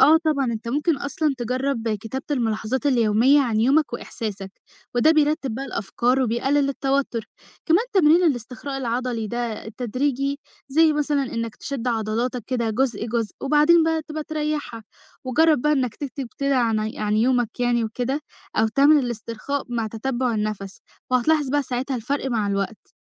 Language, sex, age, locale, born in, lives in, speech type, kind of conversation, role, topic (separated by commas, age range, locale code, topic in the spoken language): Arabic, female, 18-19, ar-EG, Egypt, Egypt, read, expert-assistant, expert, إيه الدروس اللي ممكن أتعلمها من رواد الفضاء تساعدني أواجه التحديات في شغلي أو حياتي؟